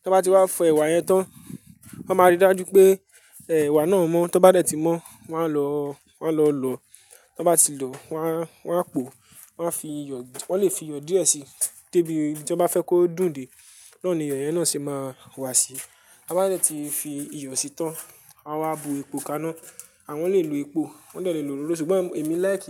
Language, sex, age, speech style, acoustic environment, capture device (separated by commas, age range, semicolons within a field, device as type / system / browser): Yoruba, male, 18-24, excited, silence, mobile / Linux / Chrome